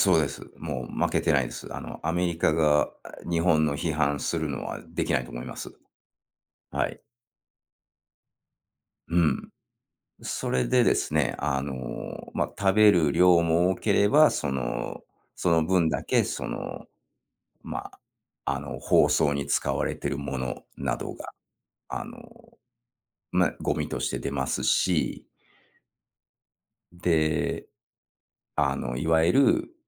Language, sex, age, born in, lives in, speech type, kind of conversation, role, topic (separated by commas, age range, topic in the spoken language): Japanese, male, 45-49, Japan, United States, conversation, podcast, guest, 日常生活で手軽にできる環境に優しい習慣には、どのようなものがありますか？